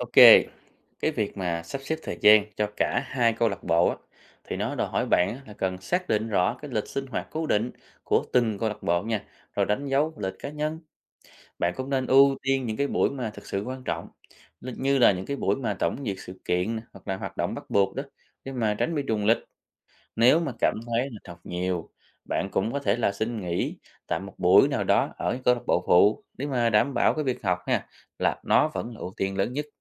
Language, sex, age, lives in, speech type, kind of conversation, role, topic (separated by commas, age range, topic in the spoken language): Vietnamese, male, 30-34, Vietnam, read, expert-assistant, expert, Lợi ích của việc tham gia các câu lạc bộ ở trường